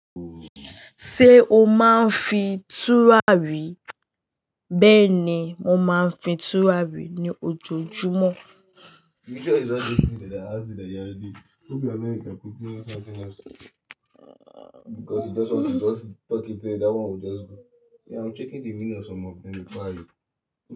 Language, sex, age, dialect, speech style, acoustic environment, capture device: Yoruba, female, 18-24, Nigeria - Lagos Yoruba, happy, silence; nature, mobile / Linux / Chrome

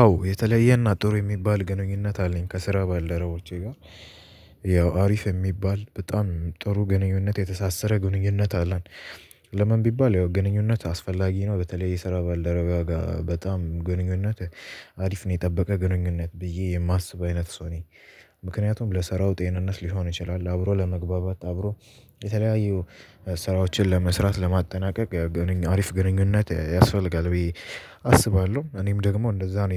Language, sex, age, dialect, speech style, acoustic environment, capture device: Amharic, male, 18-24, Ethiopia - Addis Ababa, nervous; excited, people talking; traffic; construction, mobile / Linux / Chrome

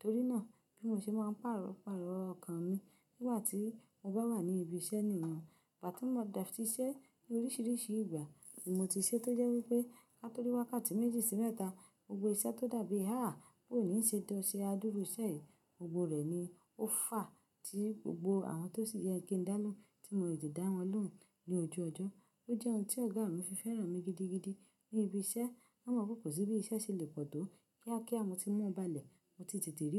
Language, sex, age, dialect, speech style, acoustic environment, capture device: Yoruba, female, 25-34, Nigeria - Ibadan, happy; relaxed; excited; focused, silence, mobile / Linux / Chrome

